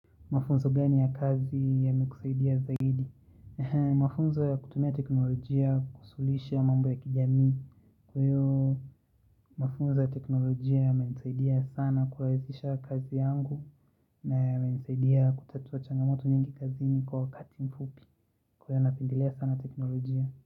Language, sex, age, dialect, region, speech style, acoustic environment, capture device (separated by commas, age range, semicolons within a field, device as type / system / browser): Swahili, male, 25-34, Kenya - Nairobi Sheng-influenced, Kenya, relaxed, silence; nature, mobile / Linux / Chrome